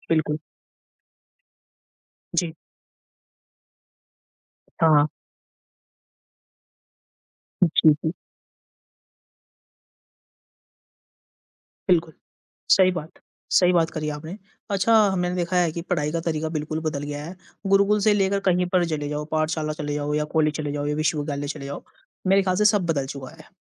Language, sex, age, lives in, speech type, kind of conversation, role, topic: Hindi, male, 50-54, India, conversation, unstructured, none, इंटरनेट ने पढ़ाई के तरीके में क्या बदलाव लाया है?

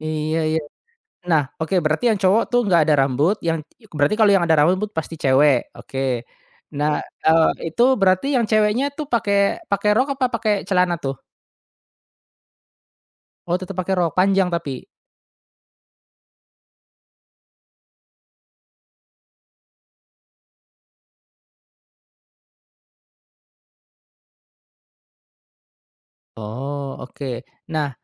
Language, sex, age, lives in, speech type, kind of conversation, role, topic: Indonesian, male, 35-39, Indonesia, conversation, podcast, host, Ada momen apa yang bikin gayamu berubah?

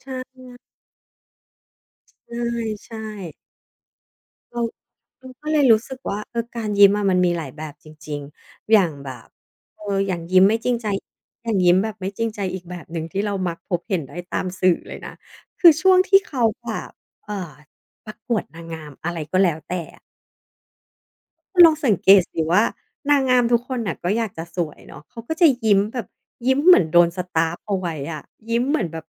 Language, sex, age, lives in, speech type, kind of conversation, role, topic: Thai, female, 40-44, Thailand, conversation, podcast, guest, การยิ้มแบบไหนที่คุณเชื่อว่าจริงใจบ้าง?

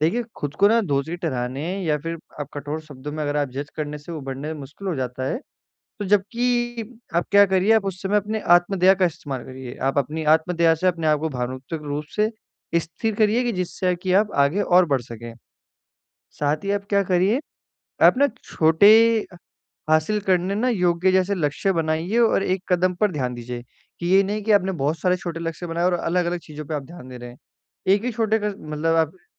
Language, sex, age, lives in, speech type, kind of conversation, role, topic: Hindi, male, 18-19, India, conversation, advice, advisor, अस्वीकृति और नाकामी के बाद मैं कैसे आगे बढ़ सकता/सकती हूँ?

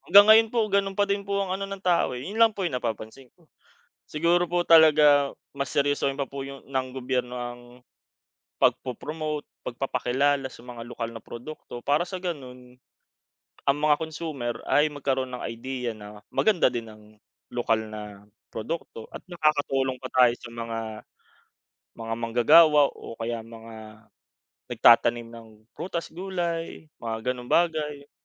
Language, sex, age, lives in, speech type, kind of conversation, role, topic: Filipino, male, 25-29, Philippines, conversation, unstructured, none, Ano ang reaksyon mo sa mga korporasyong nagpapataw ng mataas na presyo?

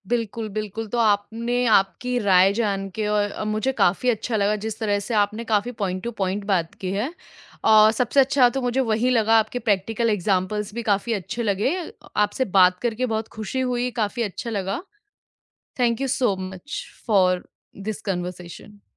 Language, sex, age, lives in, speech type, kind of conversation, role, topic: Hindi, female, 25-29, India, conversation, podcast, host, Influencer culture ne youth ki soch ko kaise badla hai?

